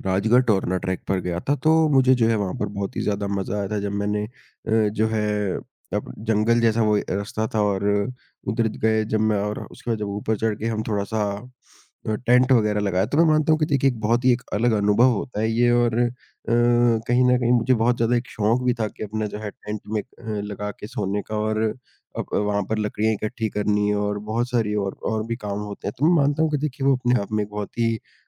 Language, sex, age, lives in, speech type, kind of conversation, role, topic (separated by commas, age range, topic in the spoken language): Hindi, male, 20-24, India, conversation, unstructured, none, क्या आपने कभी जंगल में पैदल यात्रा की है, और आपका अनुभव कैसा रहा?